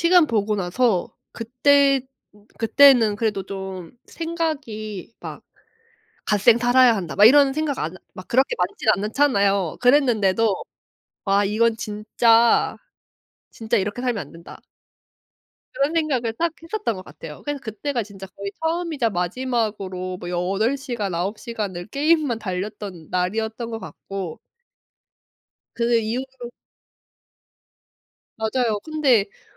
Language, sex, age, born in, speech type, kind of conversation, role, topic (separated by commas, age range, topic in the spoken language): Korean, female, 25-29, South Korea, conversation, podcast, guest, 게임이나 앱에 과몰입하지 않도록 어떻게 스스로 조절하시나요?